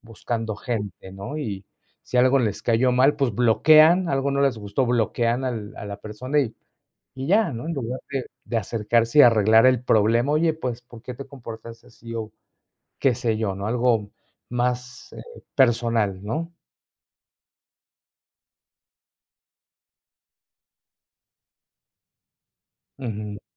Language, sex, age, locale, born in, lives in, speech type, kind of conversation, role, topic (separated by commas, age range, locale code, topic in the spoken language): Spanish, male, 45-49, es-MX, Mexico, Mexico, conversation, unstructured, none, ¿Cómo te imaginas el mundo sin tecnología moderna?